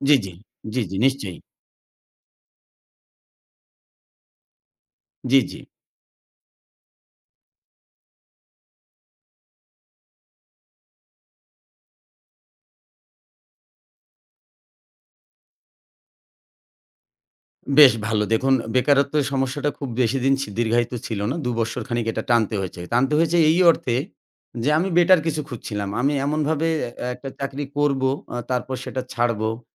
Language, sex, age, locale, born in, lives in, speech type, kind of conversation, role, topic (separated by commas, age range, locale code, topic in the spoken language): Bengali, male, 40-44, bn-BD, Bangladesh, Bangladesh, conversation, podcast, guest, কাজ বদলালে তোমার পরিচয়ও বদলায় কি?